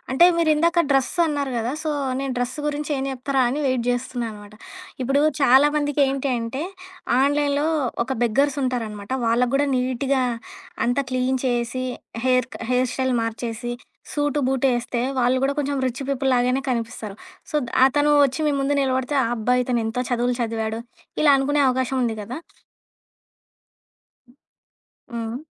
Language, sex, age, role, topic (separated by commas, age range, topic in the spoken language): Telugu, female, 25-29, host, ఇంటర్నెట్ వనరులు అందుబాటులో లేకపోతే మీరు కొత్త విషయాలు ఎలా నేర్చుకుంటారు?